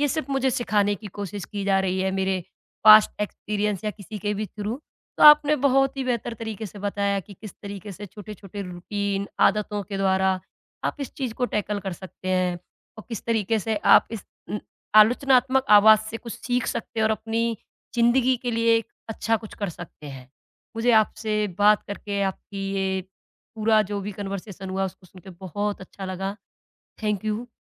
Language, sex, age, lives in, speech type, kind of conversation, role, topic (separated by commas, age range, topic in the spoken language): Hindi, female, 20-24, India, conversation, podcast, host, आप अपने भीतर की आलोचनात्मक आवाज़ से कैसे निपटते हैं?